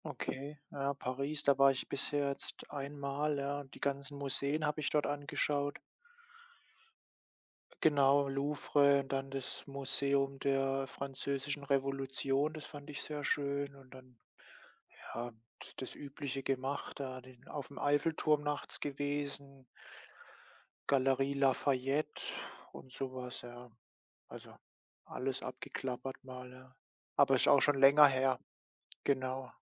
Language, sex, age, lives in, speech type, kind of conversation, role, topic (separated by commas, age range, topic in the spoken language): German, male, 25-29, Germany, conversation, unstructured, none, Welche Länder möchtest du unbedingt einmal besuchen?